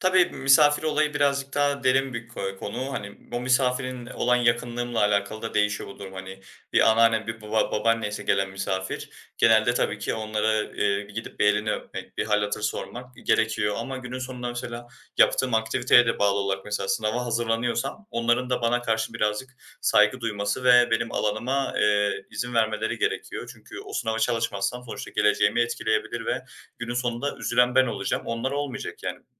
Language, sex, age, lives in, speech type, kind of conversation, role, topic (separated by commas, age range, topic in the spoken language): Turkish, male, 20-24, Germany, conversation, podcast, guest, Zamanı verimli kullanmanın basit yolları neler?